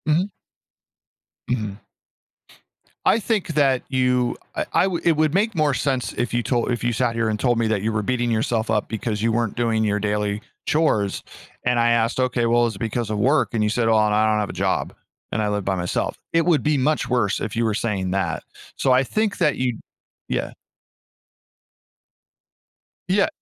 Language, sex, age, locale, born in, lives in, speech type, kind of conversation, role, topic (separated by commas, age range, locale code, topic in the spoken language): English, male, 40-44, en-US, United States, United States, conversation, advice, advisor, How can I manage daily responsibilities without feeling overwhelmed?